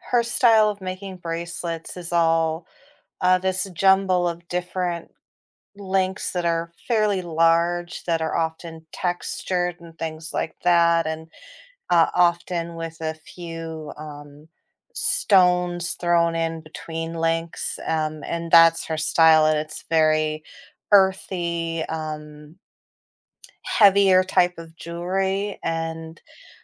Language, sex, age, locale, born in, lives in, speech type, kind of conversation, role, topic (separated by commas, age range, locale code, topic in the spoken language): English, female, 40-44, en-US, United States, United States, conversation, podcast, guest, What factors help someone discover and express their personal style?